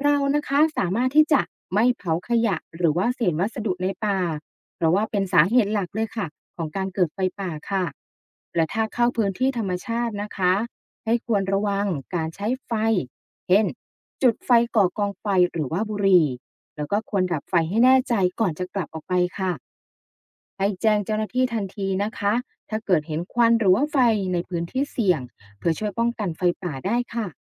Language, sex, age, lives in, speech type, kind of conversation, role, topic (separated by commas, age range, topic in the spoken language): Thai, female, 35-39, Thailand, read, expert-assistant, expert, ทำไมต้องมีการป้องกันไฟป่า